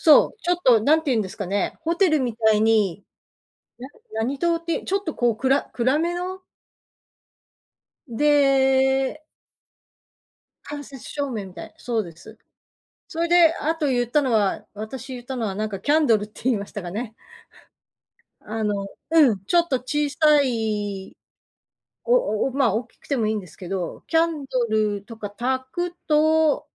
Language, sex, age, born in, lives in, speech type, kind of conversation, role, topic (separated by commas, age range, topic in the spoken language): Japanese, female, 55-59, Japan, United States, conversation, advice, advisor, 最近、自宅で落ち着いてリラックスできず、映画や音楽や読書を楽しめないときはどうすればいいですか？